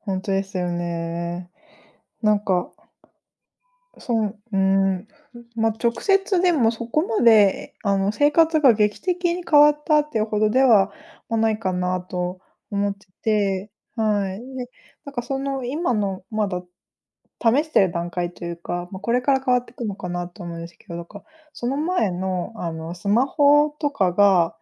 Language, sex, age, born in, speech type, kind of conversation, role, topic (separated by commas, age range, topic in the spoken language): Japanese, female, 35-39, Japan, conversation, unstructured, none, 科学の進歩でいちばん嬉しかったことは何ですか？